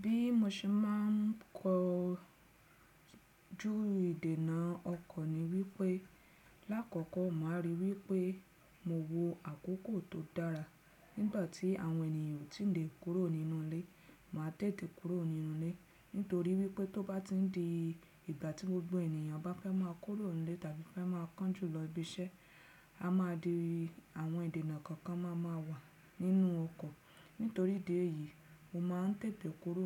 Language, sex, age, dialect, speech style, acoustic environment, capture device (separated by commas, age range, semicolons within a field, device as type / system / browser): Yoruba, female, 35-44, Nigeria - Lagos Yoruba, relaxed, silence, desktop / Windows / Chrome